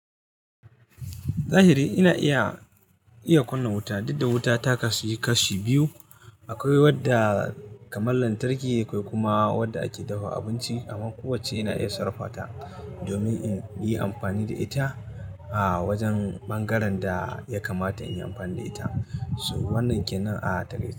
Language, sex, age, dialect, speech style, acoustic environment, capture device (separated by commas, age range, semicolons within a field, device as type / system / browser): Hausa, male, 35-44, Nigeria - Katsina, happy, nature, mobile / Linux / Chrome